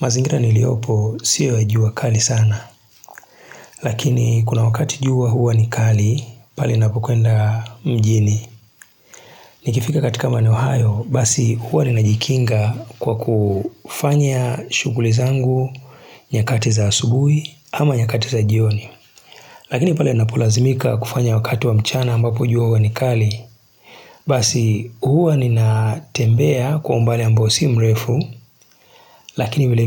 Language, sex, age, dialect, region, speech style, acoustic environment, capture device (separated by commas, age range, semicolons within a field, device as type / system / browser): Swahili, male, 35-44, Tanzania - Dar es Salaam, Tanzania, relaxed, nature, mobile / Linux / Chrome